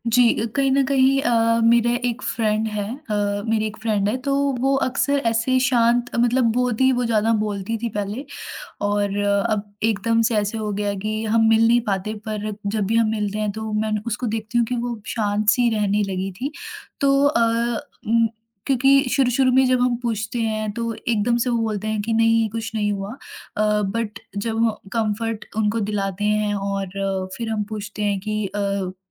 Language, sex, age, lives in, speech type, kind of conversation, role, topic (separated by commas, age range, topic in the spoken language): Hindi, female, 20-24, India, conversation, podcast, guest, Jab koi chup ho jata hai, aap use kaise samajhte hain?